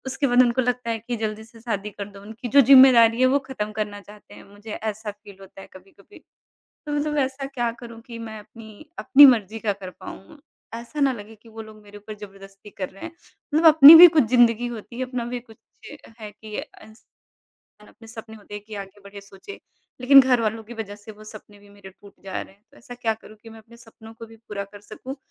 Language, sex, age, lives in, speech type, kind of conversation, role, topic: Hindi, female, 30-34, India, conversation, advice, user, परिवार के सदस्यों से लगातार निजी फैसलों पर ताने सुनने का आप पर क्या असर होता है?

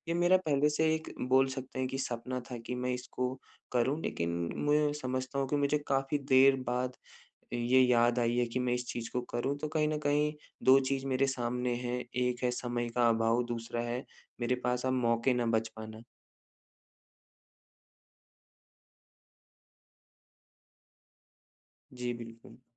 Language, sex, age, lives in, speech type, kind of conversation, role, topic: Hindi, male, 25-29, India, conversation, advice, user, असफलता से हतोत्साहित होने पर क्या करूँ?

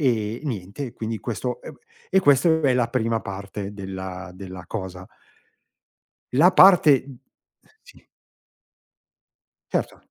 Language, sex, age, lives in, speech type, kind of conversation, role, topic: Italian, male, 50-54, Italy, conversation, podcast, guest, Quali sono le tradizioni della tua famiglia?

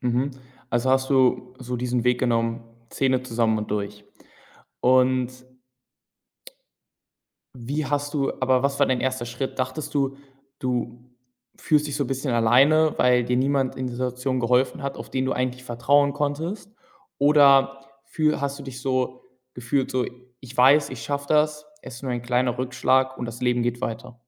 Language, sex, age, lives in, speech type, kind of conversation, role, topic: German, male, 18-19, Germany, conversation, podcast, host, Kannst du von einer Niederlage erzählen, aus der du viel gelernt hast?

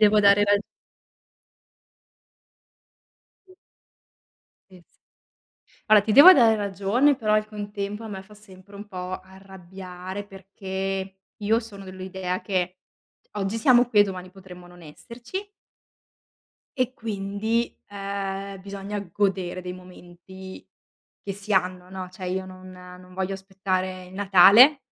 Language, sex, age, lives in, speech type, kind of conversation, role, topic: Italian, female, 30-34, Italy, conversation, unstructured, none, Quale festa religiosa ti dà più gioia?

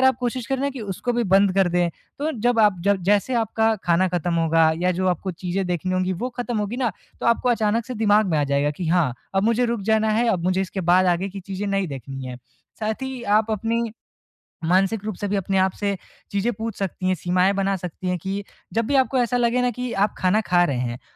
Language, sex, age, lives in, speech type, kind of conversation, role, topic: Hindi, male, 20-24, India, conversation, advice, advisor, मैं भोजन और मीडिया का सजग उपभोग कैसे कर सकता/सकती हूँ?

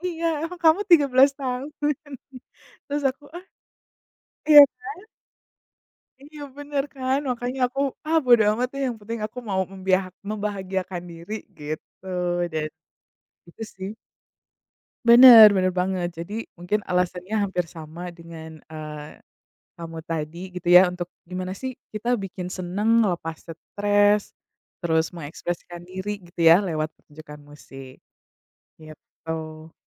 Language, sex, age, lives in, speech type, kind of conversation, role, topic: Indonesian, female, 35-39, United States, conversation, unstructured, none, Apa pengalaman paling berkesan yang pernah kamu alami saat menonton pertunjukan musik?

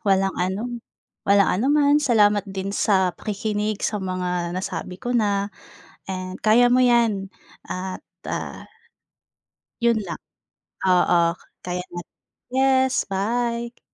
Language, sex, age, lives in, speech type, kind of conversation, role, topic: Filipino, female, 25-29, Philippines, conversation, advice, advisor, Paano ko pamamahalaan ang aking emosyon pagkatapos makatanggap ng kritisismo?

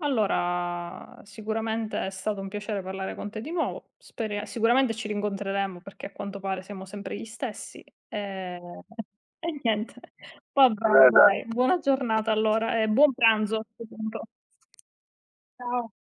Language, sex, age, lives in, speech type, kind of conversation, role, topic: Italian, female, 30-34, Italy, conversation, unstructured, none, Qual è il tuo piatto italiano preferito?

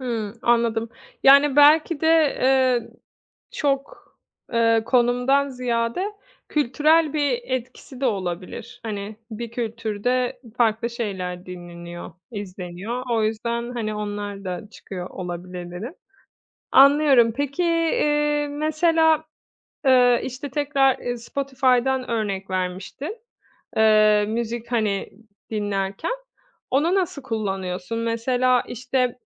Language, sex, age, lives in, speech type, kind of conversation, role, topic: Turkish, female, 30-34, Italy, conversation, podcast, host, Dijital algoritmalar film ve müzik zevklerini nasıl yönlendiriyor?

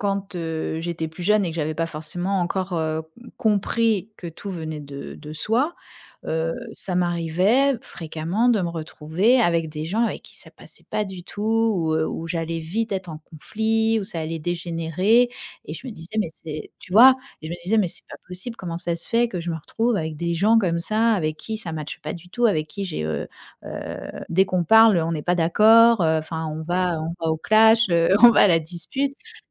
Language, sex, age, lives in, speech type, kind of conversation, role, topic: French, female, 45-49, France, conversation, podcast, guest, Comment trouver des personnes avec qui tu te sens vraiment à l’aise ?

